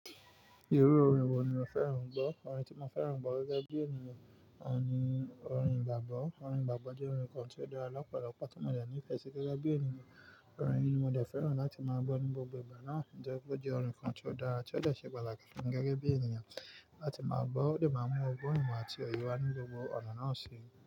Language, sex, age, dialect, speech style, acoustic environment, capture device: Yoruba, male, 25-34, Nigeria - Nigerian Standard, focused, nature, mobile / Linux / Chrome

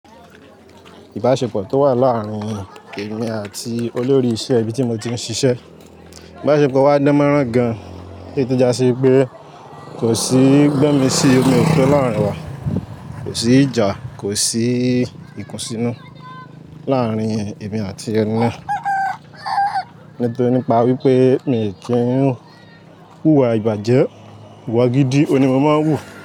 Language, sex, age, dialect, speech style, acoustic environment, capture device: Yoruba, male, 18-24, Nigeria - Lagos Yoruba, excited; happy, nature, mobile / Linux / Chrome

